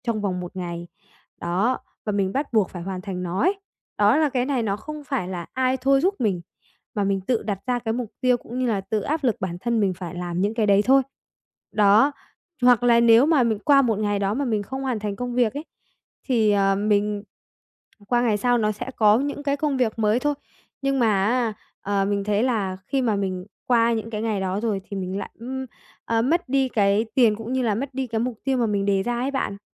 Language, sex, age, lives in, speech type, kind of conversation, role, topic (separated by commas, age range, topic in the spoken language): Vietnamese, female, 45-49, Vietnam, conversation, advice, user, Làm sao để vượt qua cảm giác kiệt sức mà vẫn phải hoàn thành công việc đúng hạn?